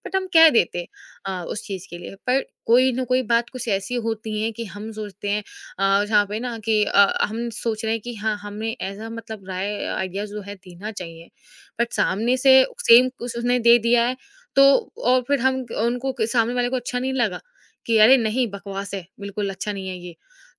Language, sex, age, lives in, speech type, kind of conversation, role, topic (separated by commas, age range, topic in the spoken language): Hindi, female, 20-24, India, conversation, podcast, guest, Kab tumhe lagta hai ki apna koi vichaar share karna sahi hai, aur kab chup rehna behtar hota hai?